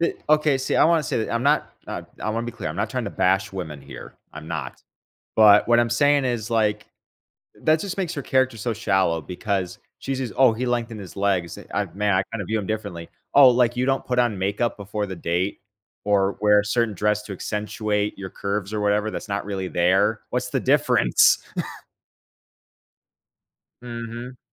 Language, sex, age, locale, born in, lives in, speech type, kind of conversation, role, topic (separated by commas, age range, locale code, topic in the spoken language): English, male, 25-29, en-US, United States, United States, conversation, unstructured, none, Which movie’s pacing should I study to improve my scene rhythm?